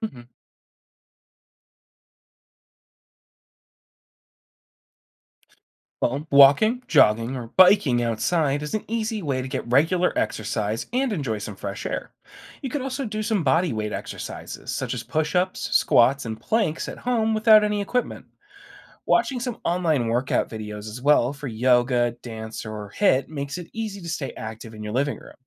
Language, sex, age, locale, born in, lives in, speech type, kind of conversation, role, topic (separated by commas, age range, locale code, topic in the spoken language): English, male, 25-29, en-US, United States, United States, read, expert-assistant, expert, How can someone stay active without a gym?